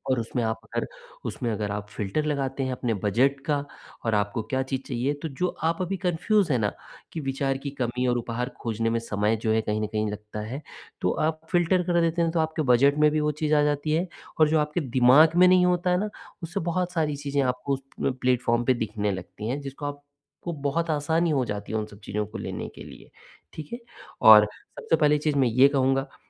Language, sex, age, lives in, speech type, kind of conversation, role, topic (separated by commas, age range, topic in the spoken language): Hindi, male, 45-49, India, conversation, advice, advisor, मैं कम समय में सोच-समझकर अच्छा उपहार कैसे ढूँढूँ?